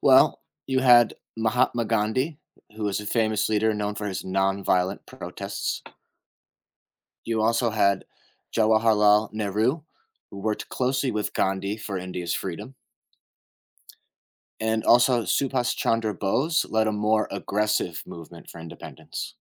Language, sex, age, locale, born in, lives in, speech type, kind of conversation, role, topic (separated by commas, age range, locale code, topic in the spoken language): English, male, 30-34, en-US, United States, United States, read, expert-assistant, expert, What historical events led to the independence of India?